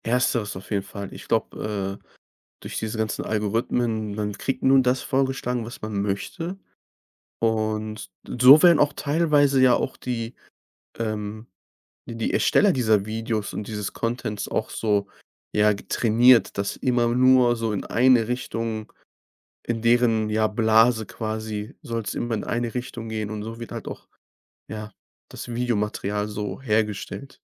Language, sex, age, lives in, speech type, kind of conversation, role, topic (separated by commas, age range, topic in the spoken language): German, male, 25-29, Germany, conversation, podcast, guest, Wie beeinflussen Algorithmen deine Empfehlungen?